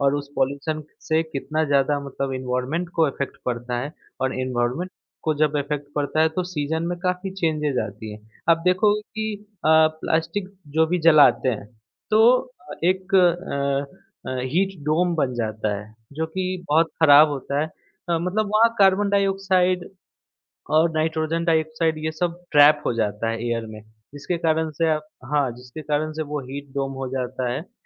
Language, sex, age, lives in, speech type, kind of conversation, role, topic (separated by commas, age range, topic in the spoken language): Hindi, male, 25-29, India, conversation, podcast, guest, Seasons ke cycle ko bachane ke liye ek aam aadmi kya kar sakta hai?